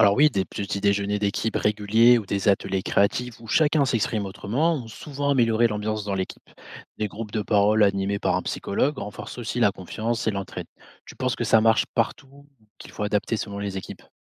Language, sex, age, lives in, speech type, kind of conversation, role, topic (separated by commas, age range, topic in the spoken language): French, male, 25-29, France, read, expert-assistant, expert, Quels petits changements peuvent vraiment améliorer la journée d’un infirmier ?